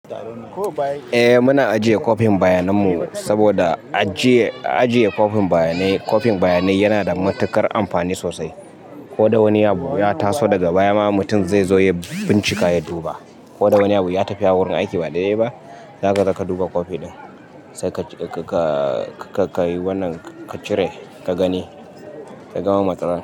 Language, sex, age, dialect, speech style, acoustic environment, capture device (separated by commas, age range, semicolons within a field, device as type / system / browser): Hausa, male, 25-34, Nigeria - Kano, happy, people talking, mobile / Linux / Chrome